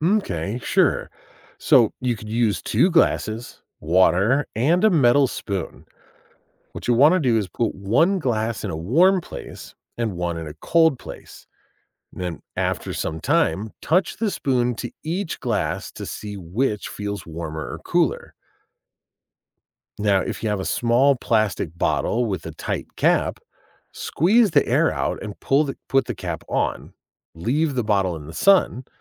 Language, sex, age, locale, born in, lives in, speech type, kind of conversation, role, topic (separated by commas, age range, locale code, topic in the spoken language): English, male, 40-44, en-US, United States, United States, read, expert-assistant, expert, What science experiments use common household items?